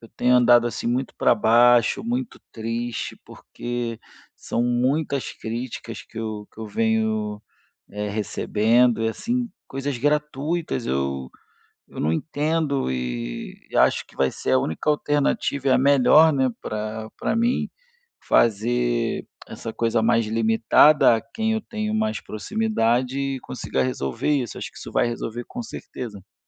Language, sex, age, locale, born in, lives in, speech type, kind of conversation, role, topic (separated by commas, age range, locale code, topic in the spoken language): Portuguese, male, 35-39, pt-BR, Brazil, Spain, conversation, advice, user, Como posso lidar com críticas e julgamentos nas redes sociais?